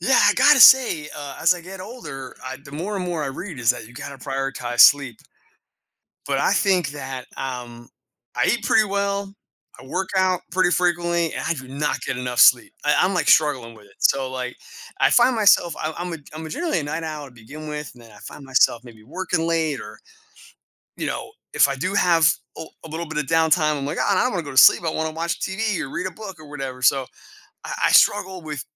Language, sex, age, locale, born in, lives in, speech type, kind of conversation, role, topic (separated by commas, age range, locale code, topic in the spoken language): English, male, 40-44, en-US, United States, United States, conversation, podcast, guest, How does getting enough quality sleep impact our health and daily life?